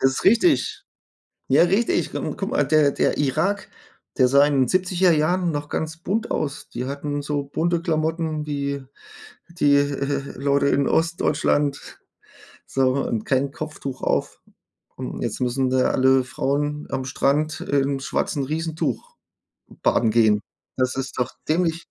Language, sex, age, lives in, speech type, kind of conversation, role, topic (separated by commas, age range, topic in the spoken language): German, male, 40-44, Germany, conversation, unstructured, none, Warum glauben manche, dass Religion mehr spaltet als sie eint?